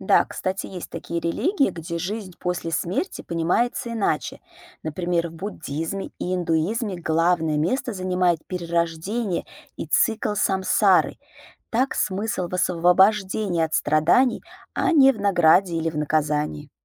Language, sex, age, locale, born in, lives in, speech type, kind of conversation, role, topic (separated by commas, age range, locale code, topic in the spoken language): Russian, female, 35-39, ru-RU, Russia, United States, read, expert-assistant, expert, Какие мировые религии предлагают похожие взгляды на жизнь после смерти?